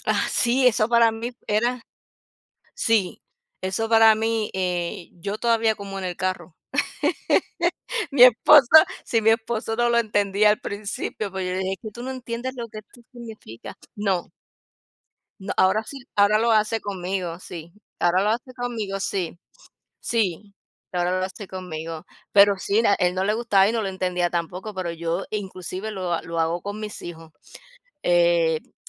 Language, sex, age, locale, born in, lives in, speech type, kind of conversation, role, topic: Spanish, female, 50-54, es-US, United States, United States, conversation, unstructured, none, ¿Qué tradiciones familiares te hacen sentir feliz?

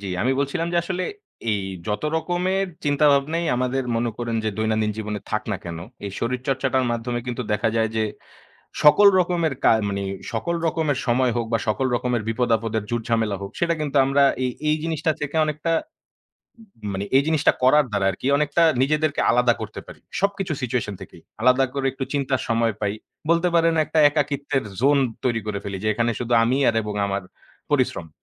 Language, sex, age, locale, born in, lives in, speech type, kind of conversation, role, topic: Bengali, male, 25-29, bn-BD, Bangladesh, Bangladesh, conversation, unstructured, none, সবার জন্য শরীরচর্চা কেন এত জরুরি?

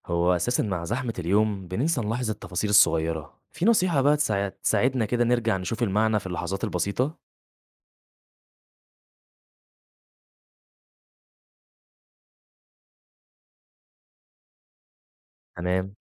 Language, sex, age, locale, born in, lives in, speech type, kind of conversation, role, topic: Arabic, male, 20-24, ar-EG, Egypt, Egypt, read, expert-assistant, asker, إزاي ممكن نشبّه فكرة الإيمان بشيء مش شايفينه بحاجة في حياتنا العادية؟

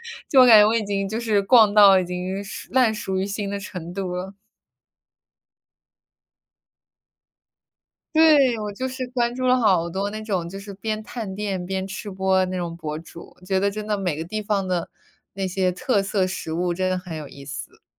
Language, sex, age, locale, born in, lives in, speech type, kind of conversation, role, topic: Chinese, female, 25-29, zh-CN, United States, United States, conversation, unstructured, none, 你更喜欢在家吃饭，还是去餐厅用餐？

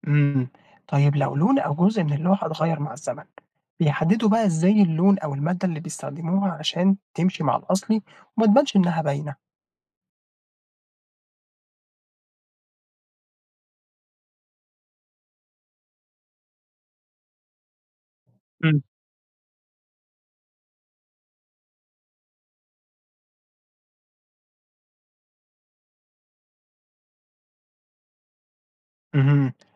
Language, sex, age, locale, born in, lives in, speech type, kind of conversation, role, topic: Arabic, male, 20-24, ar-EG, Egypt, Egypt, read, expert-assistant, asker, لو في لوحة تاريخية اتعرضت للتلف بسبب الرطوبة أو الإضاءة، إزاي بيرجعوا يرمموها من غير ما يفقدوا قيمتها الأصلية؟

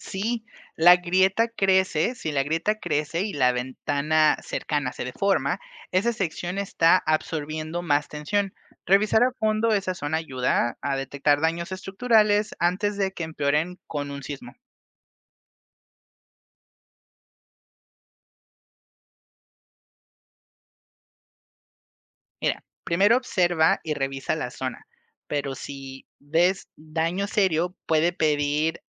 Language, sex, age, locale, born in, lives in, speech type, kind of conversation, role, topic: Spanish, male, 25-29, es-MX, Mexico, Mexico, read, expert-assistant, expert, ¿Cómo puedo proteger mi propiedad ante el riesgo de terremotos si vivo en una zona sísmica?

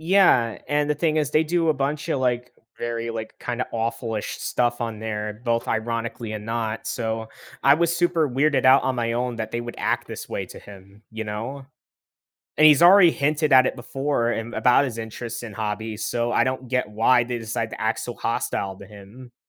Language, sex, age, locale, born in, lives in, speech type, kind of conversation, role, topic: English, male, 18-19, en-US, United States, United States, conversation, advice, user, How should I respond after finding out a close friend betrayed my trust?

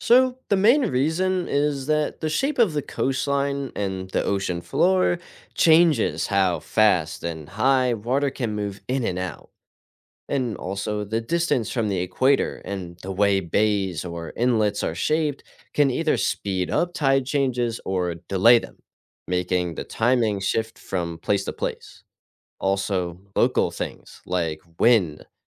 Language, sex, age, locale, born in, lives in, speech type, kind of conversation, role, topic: English, male, 18-19, en-US, United States, United States, read, expert-assistant, expert, What causes tides in the oceans?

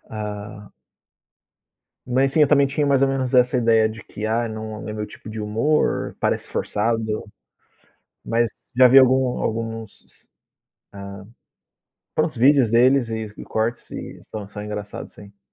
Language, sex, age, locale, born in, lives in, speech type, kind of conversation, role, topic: Portuguese, male, 30-34, pt-BR, Brazil, Portugal, conversation, unstructured, none, Qual filme de comédia você nunca se cansa de assistir?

